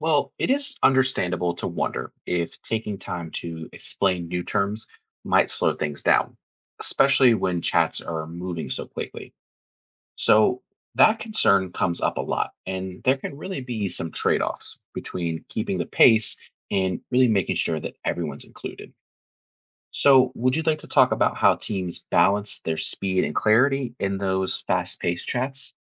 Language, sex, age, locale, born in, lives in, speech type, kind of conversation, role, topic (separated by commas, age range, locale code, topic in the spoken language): English, male, 30-34, en-US, United States, United States, read, expert-assistant, expert, How can workplaces address communication problems caused by employees using different slang or regional terms?